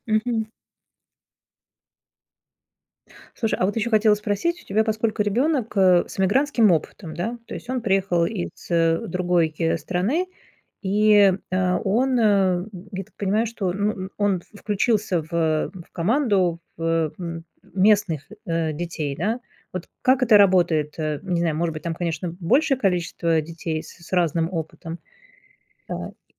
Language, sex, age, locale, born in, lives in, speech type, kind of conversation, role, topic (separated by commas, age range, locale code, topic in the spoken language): Russian, female, 45-49, ru-RU, Russia, Germany, conversation, podcast, host, Как школа может поддерживать эмоциональное здоровье учеников?